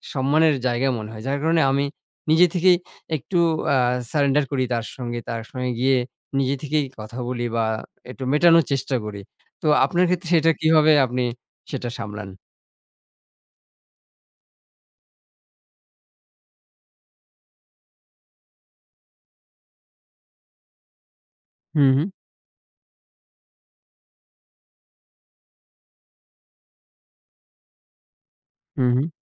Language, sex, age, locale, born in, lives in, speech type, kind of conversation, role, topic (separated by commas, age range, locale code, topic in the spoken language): Bengali, male, 25-29, bn-BD, Bangladesh, Bangladesh, conversation, unstructured, none, বন্ধুত্বে আপনার মতে সবচেয়ে গুরুত্বপূর্ণ গুণ কোনটি?